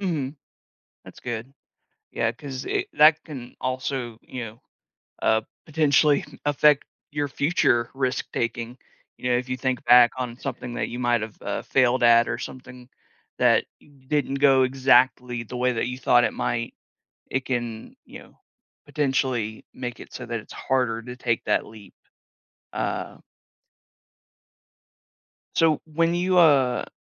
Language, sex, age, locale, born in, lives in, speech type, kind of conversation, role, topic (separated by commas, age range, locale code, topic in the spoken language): English, male, 30-34, en-US, United States, United States, conversation, podcast, host, What helps you decide whether a risk is worth taking?